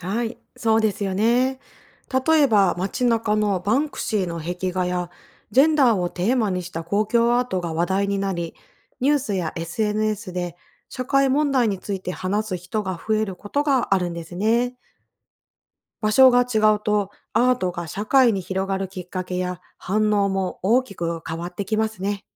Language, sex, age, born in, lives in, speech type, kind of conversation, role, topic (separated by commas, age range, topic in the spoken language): Japanese, female, 40-44, Japan, Japan, read, expert-assistant, expert, 有名な美術作品を全く違う時代や場所で作ったら、どんな特徴になるでしょう？